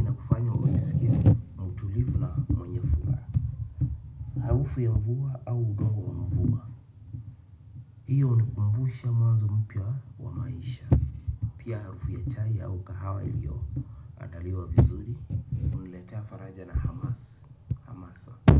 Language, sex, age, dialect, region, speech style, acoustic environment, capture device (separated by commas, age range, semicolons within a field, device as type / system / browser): Swahili, male, 25-34, Kenya - Nairobi Sheng-influenced, Kenya, nervous, nature, desktop / Windows / Chrome